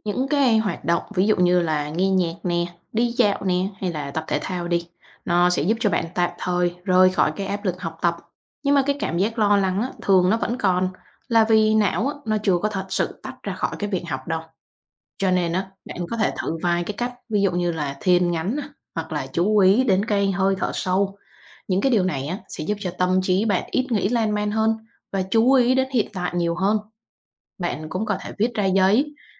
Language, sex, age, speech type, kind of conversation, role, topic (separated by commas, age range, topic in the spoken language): Vietnamese, female, 25-29, read, expert-assistant, expert, Làm thế nào để vượt qua cảm giác thất bại trong học tập?